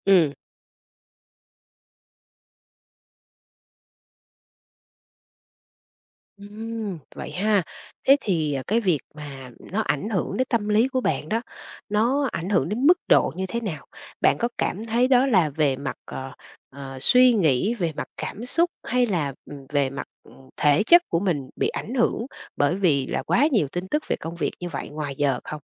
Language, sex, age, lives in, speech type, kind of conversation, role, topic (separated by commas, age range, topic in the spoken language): Vietnamese, female, 45-49, Vietnam, conversation, podcast, host, Bạn có cảm thấy việc tiêu thụ quá nhiều tin tức ảnh hưởng đến tâm lý của mình không?